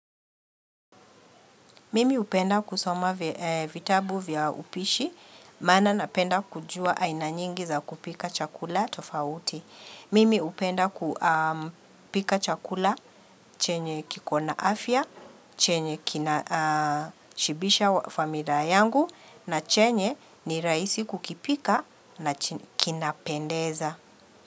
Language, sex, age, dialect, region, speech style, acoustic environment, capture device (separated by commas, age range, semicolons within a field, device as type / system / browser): Swahili, female, 45-54, Kenya - Nairobi Sheng-influenced, Kenya, relaxed, people talking; nature, mobile / Linux / Chrome